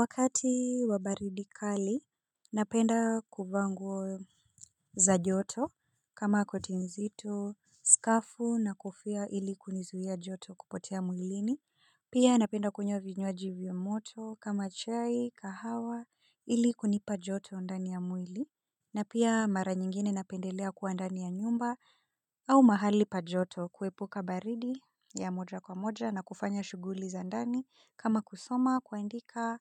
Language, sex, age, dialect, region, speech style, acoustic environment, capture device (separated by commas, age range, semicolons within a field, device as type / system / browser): Swahili, female, 25-34, Kenya - Nairobi Sheng-influenced, Kenya, relaxed; focused; anxious, silence; people talking; appliances, mobile / Linux / Chrome